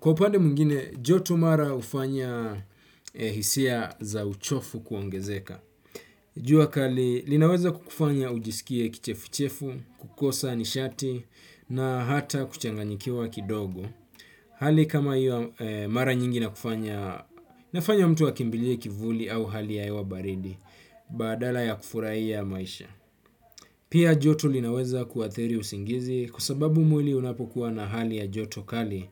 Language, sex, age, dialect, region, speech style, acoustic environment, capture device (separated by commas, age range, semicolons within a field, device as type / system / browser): Swahili, male, 25-34, Kenya - Mombasa, Kenya, relaxed, nature, desktop / Windows / Chrome